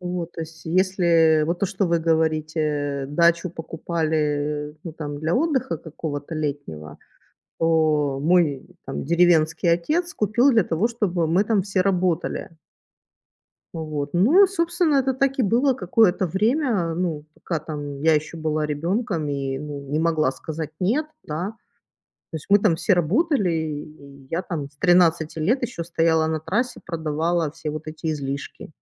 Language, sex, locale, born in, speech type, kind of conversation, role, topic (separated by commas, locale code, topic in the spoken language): Russian, female, ru-RU, Ukraine, conversation, unstructured, none, Какой образ жизни более устойчивый: городской или сельский?